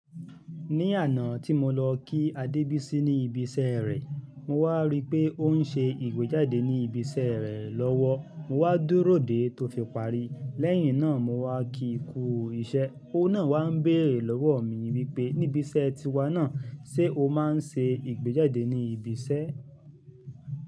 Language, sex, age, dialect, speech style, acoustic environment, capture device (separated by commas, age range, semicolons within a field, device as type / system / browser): Yoruba, male, 35-44, Nigeria - Ibadan, happy; focused; excited; relaxed, music, mobile / Linux / Chrome